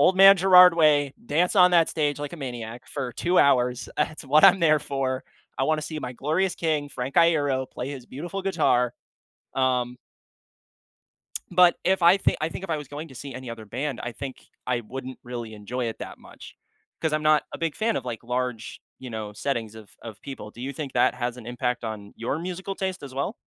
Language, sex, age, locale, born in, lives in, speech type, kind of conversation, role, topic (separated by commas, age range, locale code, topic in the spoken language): English, male, 20-24, en-US, United States, United States, conversation, podcast, host, How do friendships shape the way we discover and enjoy music?